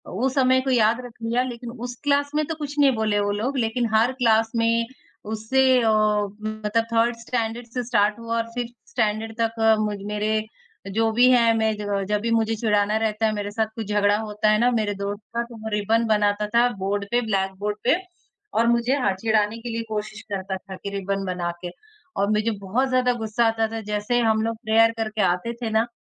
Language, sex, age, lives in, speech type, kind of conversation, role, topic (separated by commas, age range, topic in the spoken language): Hindi, female, 20-24, India, conversation, podcast, guest, स्कूल के दिनों की आपकी सबसे मज़ेदार याद कौन-सी है?